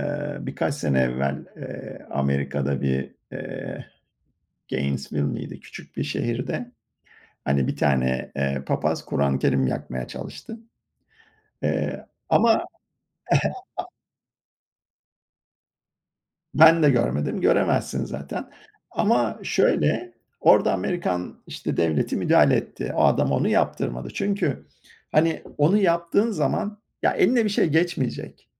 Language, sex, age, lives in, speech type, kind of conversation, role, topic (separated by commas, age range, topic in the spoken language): Turkish, male, 50-54, United States, conversation, unstructured, none, Din, insanları neden bazen ikiye böler?